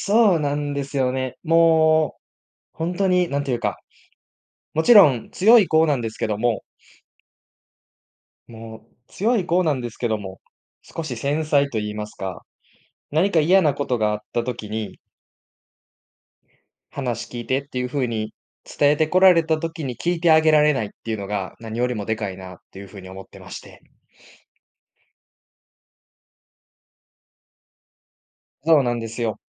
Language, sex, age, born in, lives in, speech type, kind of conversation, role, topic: Japanese, male, 20-24, Japan, Japan, conversation, advice, user, 別れたい気持ちと関係を続けたい気持ちの間で揺れているとき、どうすれば自分の気持ちを整理できますか？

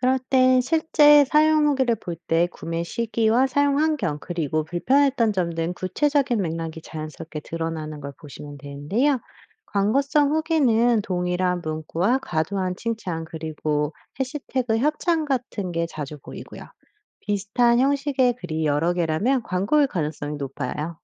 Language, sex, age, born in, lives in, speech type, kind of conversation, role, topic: Korean, female, 35-39, United States, United States, read, expert-assistant, expert, 집 꾸미기에 좋은 가구 추천 정보, 광고와 실제 경험담을 어떻게 구분할 수 있을까요?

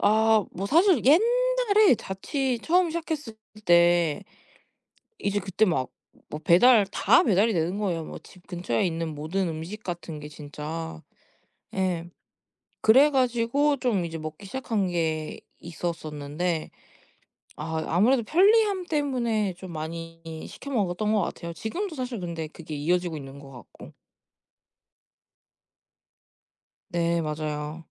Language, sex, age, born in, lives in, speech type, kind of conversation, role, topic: Korean, female, 20-24, South Korea, Japan, conversation, podcast, guest, 배달음식을 얼마나 자주 드시고, 그 빈도를 어떻게 조절하시나요?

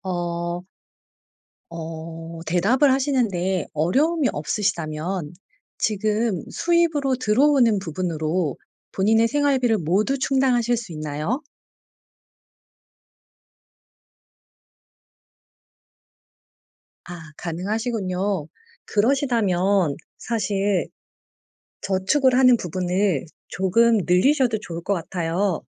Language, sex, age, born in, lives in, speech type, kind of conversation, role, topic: Korean, female, 40-44, South Korea, South Korea, conversation, advice, advisor, 어떻게 하면 낭비 없이 건강한 소비 습관을 만들 수 있을까요?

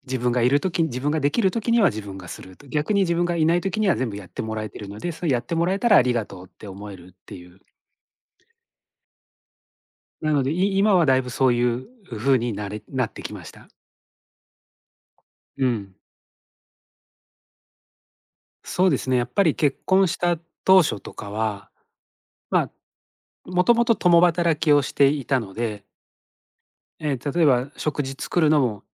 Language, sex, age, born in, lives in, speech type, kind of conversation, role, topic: Japanese, male, 45-49, Japan, Japan, conversation, podcast, guest, 家事の分担はどのように決めていますか？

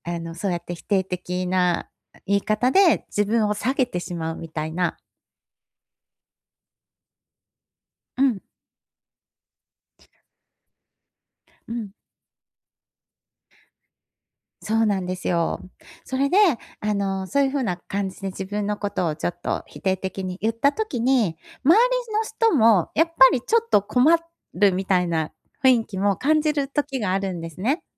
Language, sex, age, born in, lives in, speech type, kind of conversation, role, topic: Japanese, female, 50-54, Japan, Japan, conversation, advice, user, 否定的なセルフトークをどのように言い換えればよいですか？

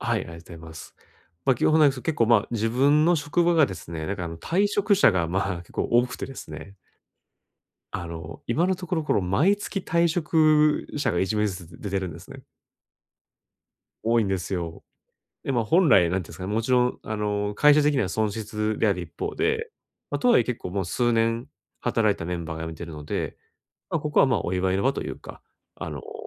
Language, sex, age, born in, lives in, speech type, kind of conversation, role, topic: Japanese, male, 30-34, Japan, Japan, conversation, advice, user, お祝いの場で居心地が悪いとき、どうすればよいですか？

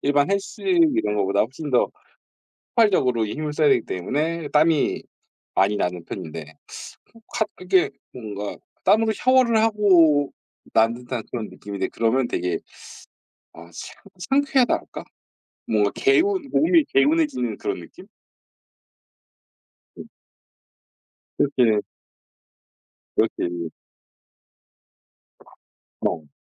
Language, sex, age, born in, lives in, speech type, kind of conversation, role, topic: Korean, male, 40-44, South Korea, South Korea, conversation, unstructured, none, 운동이 정신 건강에 어떤 영향을 준다고 생각하시나요?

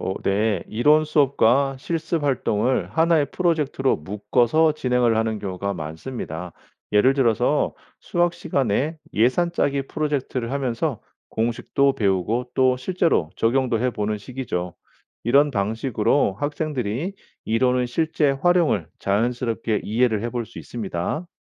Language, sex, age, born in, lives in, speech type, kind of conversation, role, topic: Korean, male, 45-49, South Korea, United States, read, expert-assistant, expert, 학생들이 실제로 삶에서 쓸 수 있는 내용을 교과과정에 넣는 것, 어떤 이점과 고민거리가 있을까요?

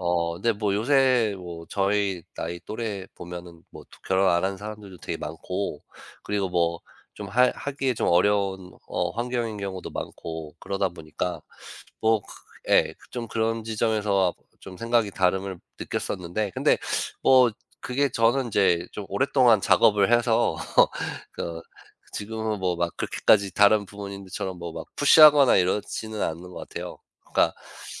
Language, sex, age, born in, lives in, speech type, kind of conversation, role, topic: Korean, male, 35-39, United States, United States, conversation, unstructured, none, 다른 사람의 의견을 바꾸는 가장 좋은 방법은 무엇일까요?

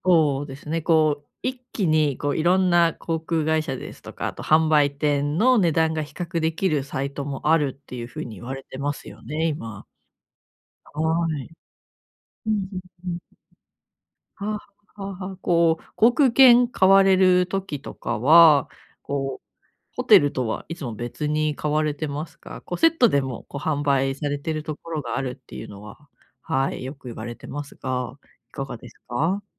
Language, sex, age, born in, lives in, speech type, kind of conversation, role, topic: Japanese, female, 35-39, Japan, Japan, conversation, advice, advisor, 買い物で何を選べばいいか迷ってしまうとき、どうすればよいですか？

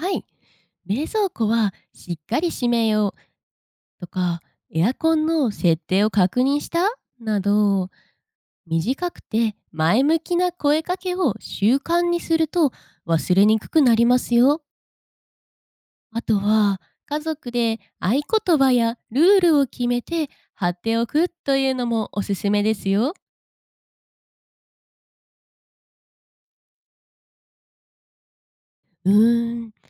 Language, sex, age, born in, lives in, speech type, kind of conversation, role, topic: Japanese, female, 25-29, Japan, Japan, read, expert-assistant, expert, 家庭で無理なく続けられるエコ活動には何がありますか？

